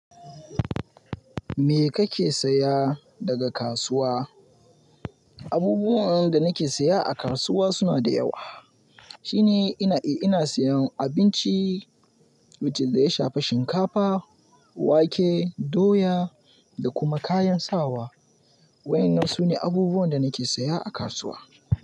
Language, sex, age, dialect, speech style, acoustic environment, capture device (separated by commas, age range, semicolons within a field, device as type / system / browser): Hausa, male, 18-24, Nigeria - Kano, happy; focused, nature; music, mobile / Linux / Chrome